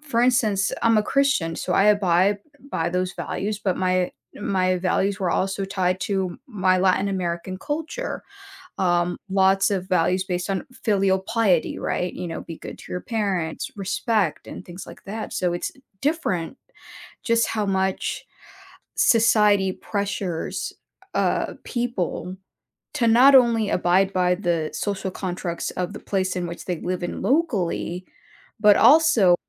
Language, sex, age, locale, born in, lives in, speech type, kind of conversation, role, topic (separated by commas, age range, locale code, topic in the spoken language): English, female, 30-34, en-US, United States, United States, conversation, podcast, host, In what ways do your personal values shape the decisions you make in everyday life?